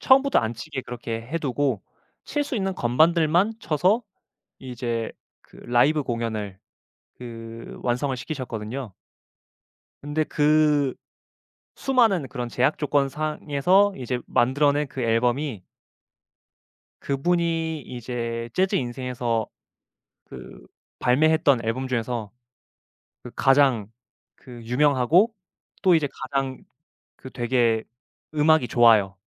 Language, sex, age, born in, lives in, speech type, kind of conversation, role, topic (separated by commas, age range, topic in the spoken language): Korean, male, 25-29, South Korea, Japan, conversation, podcast, guest, 인생에 가장 큰 영향을 준 아티스트는 누구였나요?